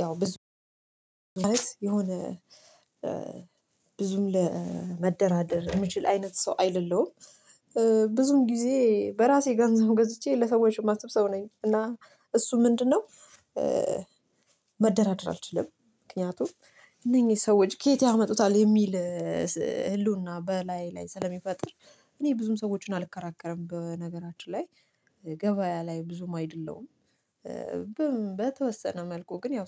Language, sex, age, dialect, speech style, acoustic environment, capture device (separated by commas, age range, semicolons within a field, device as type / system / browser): Amharic, female, 25-34, Ethiopia - Addis Ababa, focused; relaxed, nature, mobile / Linux / Chrome